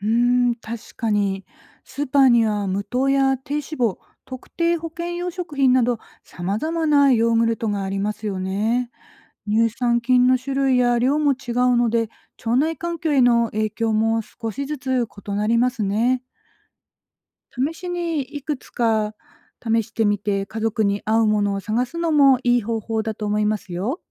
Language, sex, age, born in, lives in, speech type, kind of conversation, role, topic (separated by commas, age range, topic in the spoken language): Japanese, female, 40-44, Japan, Japan, read, expert-assistant, expert, ネットや本で見かける「体に良い」とされる情報、本当に信じていいのはどんなもの？